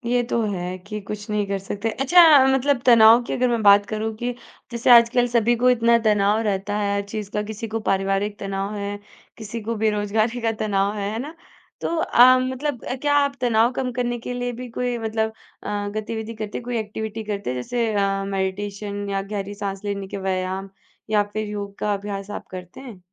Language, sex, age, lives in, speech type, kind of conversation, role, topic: Hindi, female, 20-24, India, conversation, podcast, host, ऊर्जा बढ़ाने के लिए आपकी रोज़मर्रा की कौन-सी आदतें मदद करती हैं?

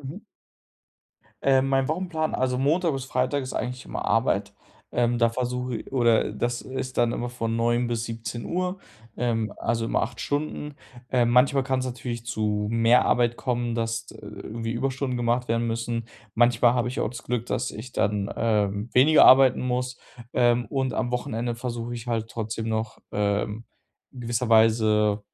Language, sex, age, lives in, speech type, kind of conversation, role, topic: German, male, 25-29, Germany, conversation, advice, user, Wie finde ich die Motivation, ein neues Hobby anzufangen?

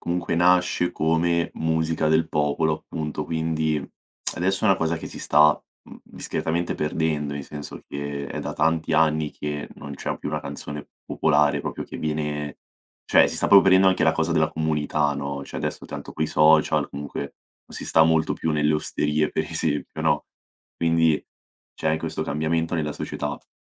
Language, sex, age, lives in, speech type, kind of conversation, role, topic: Italian, male, 18-19, Italy, conversation, podcast, guest, I ricordi legati a una canzone cambiano il tuo modo di sentirla?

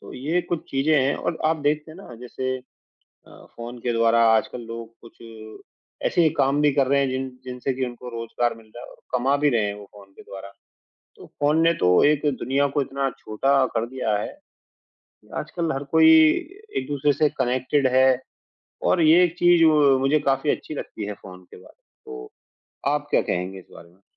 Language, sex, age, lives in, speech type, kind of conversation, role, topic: Hindi, male, 35-39, India, conversation, unstructured, none, वैज्ञानिक आविष्कारों ने आपकी ज़िंदगी कैसे बदली है?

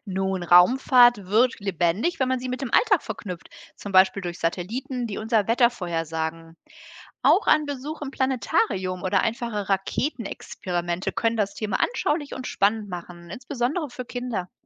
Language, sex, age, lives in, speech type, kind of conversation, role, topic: German, female, 45-49, Germany, read, expert-assistant, expert, Wie könnten wir die Raumfahrt für mehr Menschen zugänglich und interessant machen?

